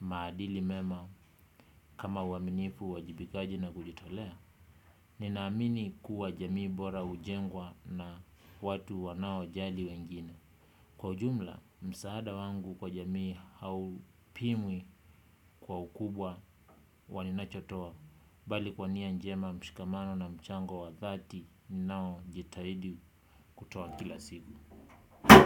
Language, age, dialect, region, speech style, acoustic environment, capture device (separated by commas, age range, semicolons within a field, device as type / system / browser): Swahili, 25-34, Kenya - Kitui, Kenya, relaxed; focused; excited; happy, nature, desktop / Windows / Chrome